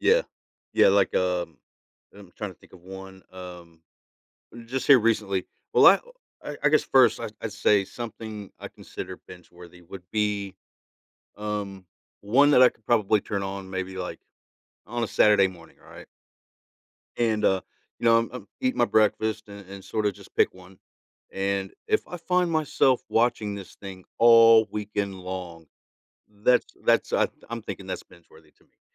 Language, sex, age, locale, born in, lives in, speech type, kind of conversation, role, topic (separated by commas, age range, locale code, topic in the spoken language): English, male, 40-44, en-US, United States, United States, conversation, podcast, host, What storytelling techniques and show formats make viewers want to keep watching episode after episode?